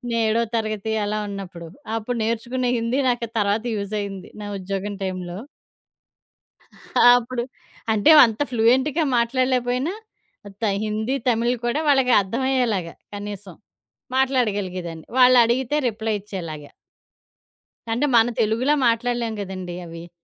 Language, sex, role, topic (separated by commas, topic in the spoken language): Telugu, female, guest, మీ మొదటి ఉద్యోగ దినంలో మీకు గుర్తుండిపోయిన ప్రత్యేక క్షణం ఏది?